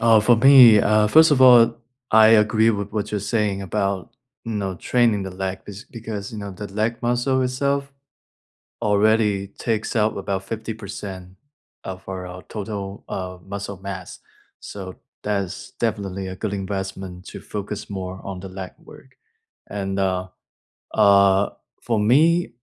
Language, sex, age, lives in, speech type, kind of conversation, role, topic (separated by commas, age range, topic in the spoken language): English, male, 25-29, United States, conversation, unstructured, none, If you could wipe your weekly routine clean, what would you create to support your goals over the next few years?